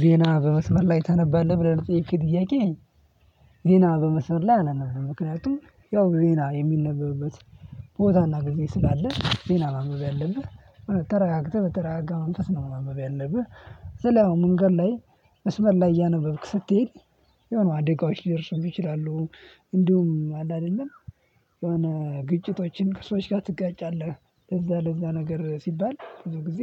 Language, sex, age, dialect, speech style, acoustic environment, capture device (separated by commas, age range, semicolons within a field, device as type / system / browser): Amharic, male, 18-24, Ethiopia - Addis Ababa, happy; focused, silence, mobile / Linux / Chrome